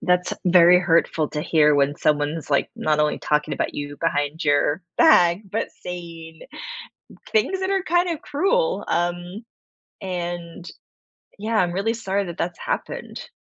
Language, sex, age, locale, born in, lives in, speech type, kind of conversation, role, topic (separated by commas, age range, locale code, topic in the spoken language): English, female, 40-44, en-US, United States, United States, conversation, advice, advisor, How do I confront a friend who betrayed my trust and decide what to do next?